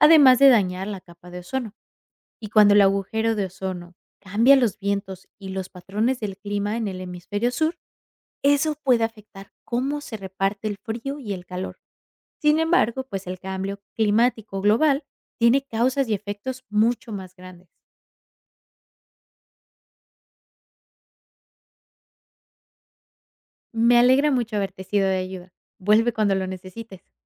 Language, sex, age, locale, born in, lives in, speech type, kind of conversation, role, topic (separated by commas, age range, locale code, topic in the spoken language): Spanish, female, 25-29, es-MX, Mexico, United States, read, expert-assistant, expert, ¿Por qué la capa de ozono es esencial para la vida en la Tierra?